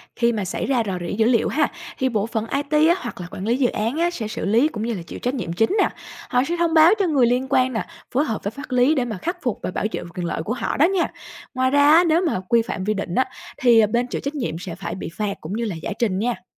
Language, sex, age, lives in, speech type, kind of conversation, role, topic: Vietnamese, female, 25-29, Vietnam, read, expert-assistant, expert, Nếu vắc-xin phòng bệnh trở nên bắt buộc cho tất cả mọi người, cuộc sống hàng ngày của chúng ta sẽ thay đổi như thế nào?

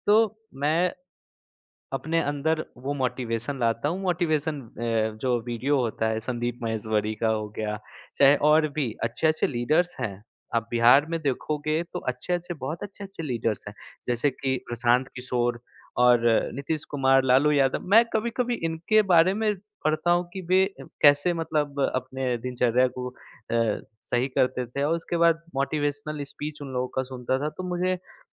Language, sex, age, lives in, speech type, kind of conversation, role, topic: Hindi, male, 25-29, India, conversation, podcast, guest, Tum raat ko achchi neend ke liye kaun-kaunsi aadatein follow karte ho?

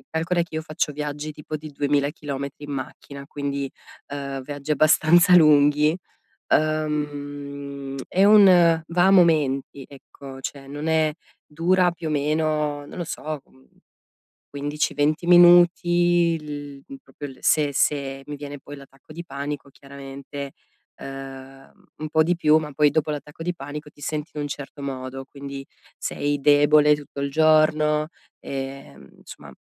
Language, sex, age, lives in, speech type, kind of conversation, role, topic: Italian, female, 35-39, Italy, conversation, advice, user, Come posso calmarmi subito quando mi sento sopraffatto o molto arrabbiato?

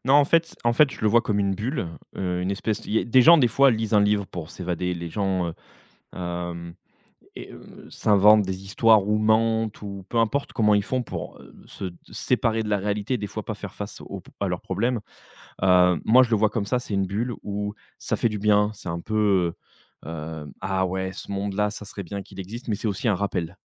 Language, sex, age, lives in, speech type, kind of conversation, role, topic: French, male, 35-39, France, conversation, podcast, guest, Tu te souviens d’une chanson qui te replonge dans ton adolescence ?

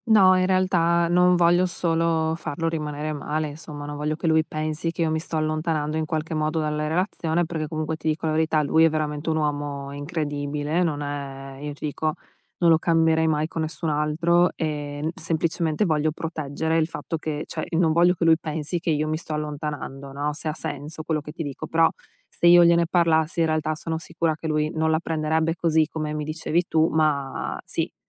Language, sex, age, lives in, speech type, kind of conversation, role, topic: Italian, female, 35-39, United States, conversation, advice, user, Come posso esprimere i miei bisogni emotivi quando ho bisogno di supporto?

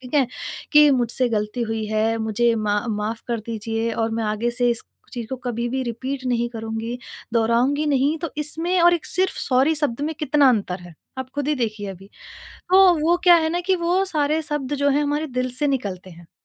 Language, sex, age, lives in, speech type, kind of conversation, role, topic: Hindi, female, 30-34, India, conversation, podcast, guest, Maafi maangne aur dene mein balance kaise banate ho?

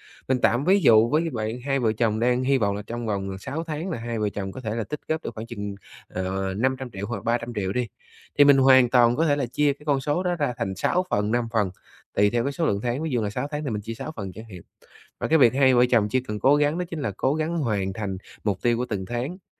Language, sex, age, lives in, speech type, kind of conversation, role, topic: Vietnamese, male, 30-34, Vietnam, conversation, advice, advisor, Tôi nên bắt đầu từ đâu để duy trì ổn định tài chính khi khởi nghiệp?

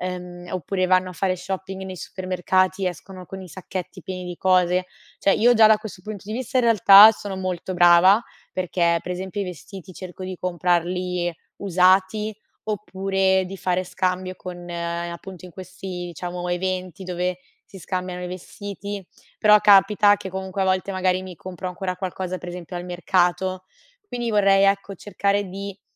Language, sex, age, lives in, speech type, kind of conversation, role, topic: Italian, female, 25-29, Italy, conversation, advice, user, Come posso iniziare a vivere con meno cose?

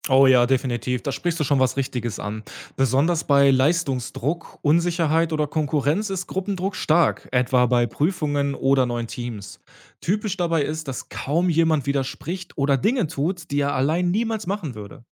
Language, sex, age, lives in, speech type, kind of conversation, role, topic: German, male, 30-34, Germany, read, expert-assistant, expert, Wie beeinflusst die Meinung anderer unser eigenes Handeln im Alltag?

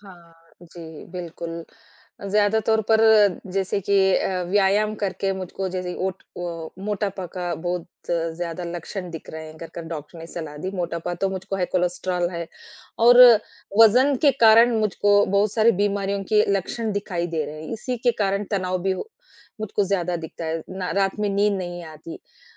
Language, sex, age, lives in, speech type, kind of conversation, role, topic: Hindi, female, 40-44, India, conversation, advice, user, काम और निजी जीवन की व्यस्तता के कारण मुझे व्यायाम के लिए समय नहीं मिल रहा है, मैं क्या करूँ?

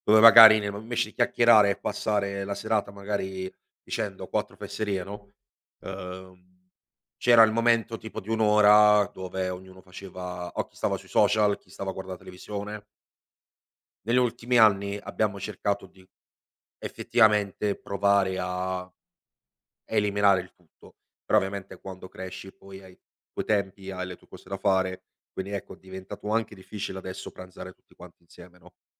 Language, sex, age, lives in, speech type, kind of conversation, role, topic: Italian, male, 25-29, Italy, conversation, podcast, guest, Quanta tecnologia tieni lontana dalla tavola?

